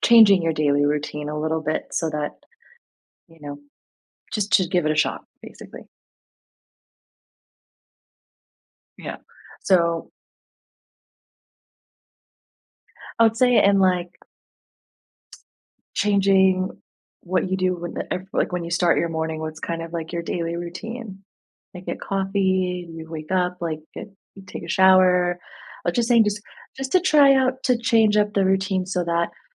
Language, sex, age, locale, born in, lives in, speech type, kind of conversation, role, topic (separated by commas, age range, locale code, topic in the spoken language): English, female, 30-34, en-US, United States, United States, conversation, advice, advisor, How do I cope with intense emotions and start moving on after a breakup?